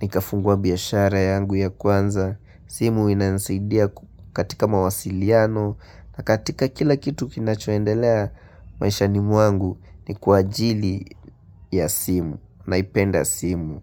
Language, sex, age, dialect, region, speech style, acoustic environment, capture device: Swahili, male, 18-24, Kenya - Nairobi Sheng-influenced, Kenya, happy, silence, mobile / Linux / Chrome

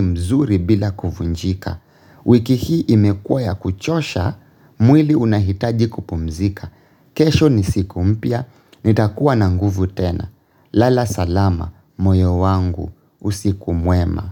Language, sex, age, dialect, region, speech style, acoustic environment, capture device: Swahili, male, 25-34, Kenya - Nairobi Sheng-influenced, Kenya, focused, silence, mobile / Linux / Chrome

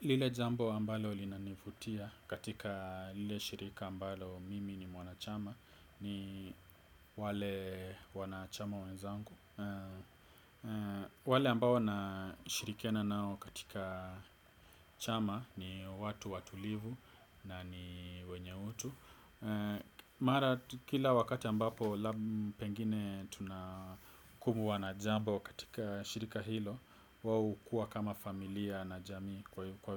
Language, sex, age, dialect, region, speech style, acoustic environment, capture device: Swahili, male, 25-34, Kenya - Nairobi Sheng-influenced, Kenya, relaxed, silence, desktop / Windows / Edge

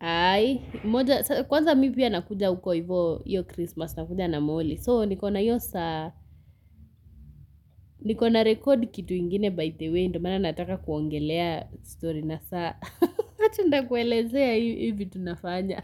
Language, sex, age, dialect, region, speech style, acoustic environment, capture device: Swahili, female, 18-24, Kenya - Nairobi Sheng-influenced, Kenya, happy, silence, mobile / Linux / Chrome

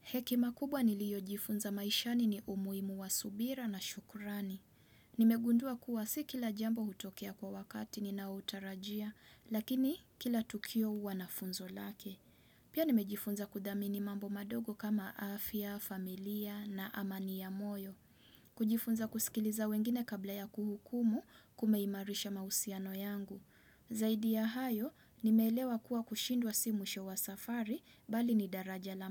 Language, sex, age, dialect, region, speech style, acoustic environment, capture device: Swahili, female, 25-34, Kenya - Mombasa, Kenya, relaxed, nature, desktop / Windows / Chrome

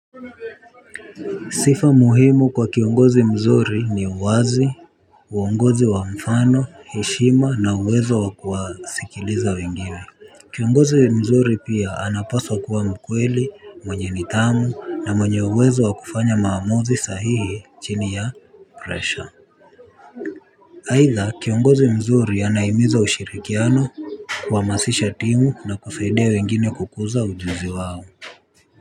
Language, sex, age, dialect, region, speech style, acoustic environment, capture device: Swahili, male, 18-24, Kenya - Nairobi Sheng-influenced, Kenya, relaxed; focused, people talking; traffic, mobile / Linux / Chrome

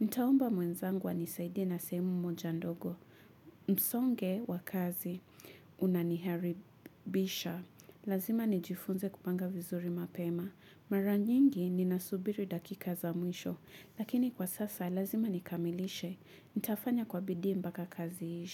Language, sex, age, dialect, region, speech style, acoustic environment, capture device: Swahili, female, 25-34, Kenya - Mombasa, Kenya, relaxed, nature, mobile / Linux / Chrome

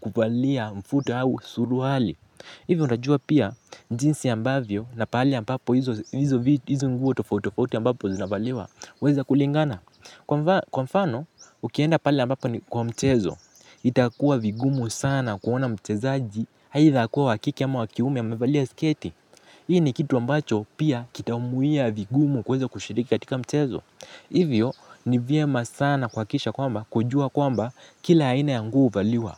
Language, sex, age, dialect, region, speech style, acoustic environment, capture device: Swahili, male, 18-24, Kenya - Mombasa, Kenya, relaxed; focused, nature; silence, mobile / Linux / Chrome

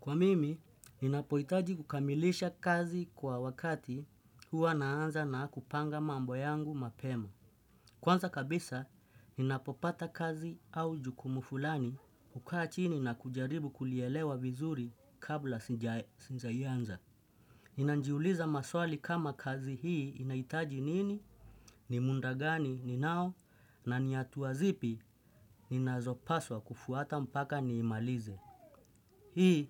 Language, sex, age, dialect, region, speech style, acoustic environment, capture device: Swahili, male, 25-34, Kenya - Nairobi Sheng-influenced, Kenya, relaxed; focused; happy, nature, desktop / Windows / Chrome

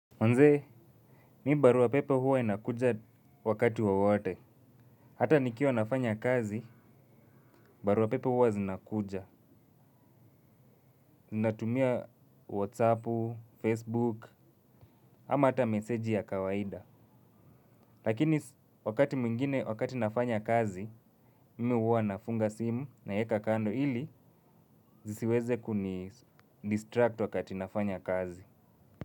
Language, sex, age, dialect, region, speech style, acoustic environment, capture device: Swahili, male, 25-34, Kenya - Nairobi Sheng-influenced, Kenya, relaxed, nature, mobile / Linux / Chrome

